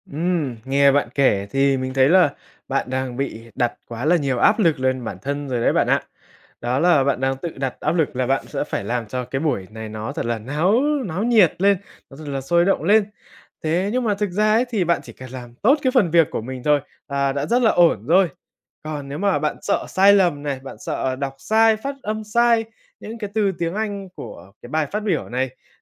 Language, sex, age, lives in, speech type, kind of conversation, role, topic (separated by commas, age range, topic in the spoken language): Vietnamese, male, 20-24, Vietnam, conversation, advice, advisor, Bạn sợ nói trước đám đông đến mức nào?